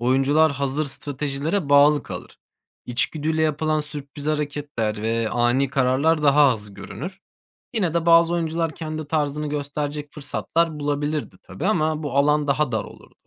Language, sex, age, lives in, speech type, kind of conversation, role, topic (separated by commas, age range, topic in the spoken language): Turkish, male, 30-34, Germany, read, expert-assistant, expert, Sevdiğin bir bilgisayar oyununu gerçek hayatta oynayabilseydin, hangi yönlerini yeniden tasarlamak isterdin?